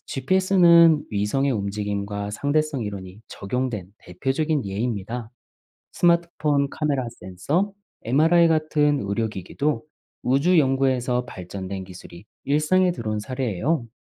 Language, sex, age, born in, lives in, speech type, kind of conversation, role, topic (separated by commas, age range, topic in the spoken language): Korean, male, 40-44, South Korea, Germany, read, expert-assistant, expert, 우주의 시작에 대해 쉽게 이해하고 기억하는 좋은 방법이 있을까요?